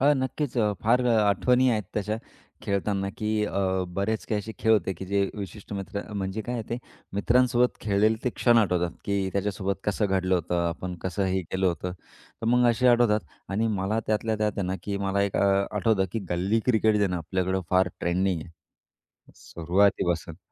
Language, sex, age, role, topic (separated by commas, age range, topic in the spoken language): Marathi, male, 35-39, guest, गल्लीत खेळताना कोणती मजा यायची?